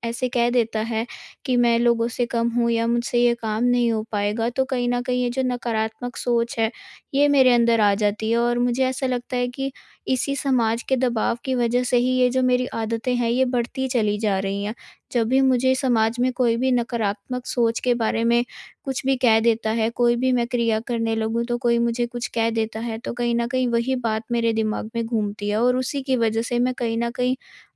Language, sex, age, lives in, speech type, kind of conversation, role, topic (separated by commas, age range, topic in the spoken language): Hindi, female, 18-19, India, conversation, advice, user, मेरी आदतें क्यों नहीं बदलतीं और उनके पीछे कौन-सी भावनाएँ होती हैं?